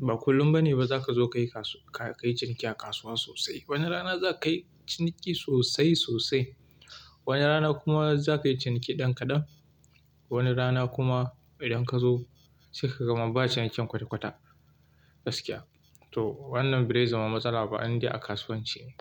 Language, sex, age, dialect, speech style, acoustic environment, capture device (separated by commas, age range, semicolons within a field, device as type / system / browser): Hausa, male, 25-34, Nigeria - Kano, relaxed, silence; appliances, mobile / Linux / Chrome